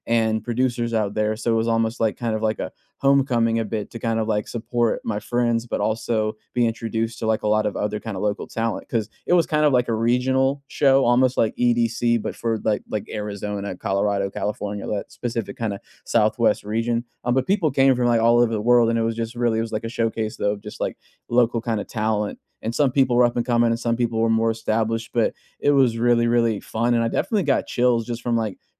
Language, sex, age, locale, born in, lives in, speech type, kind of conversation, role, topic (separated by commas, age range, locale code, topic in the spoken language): English, male, 30-34, en-US, United States, United States, conversation, unstructured, none, Which live performance—concert, theater show, or comedy set—gave you chills, and why has it stayed with you?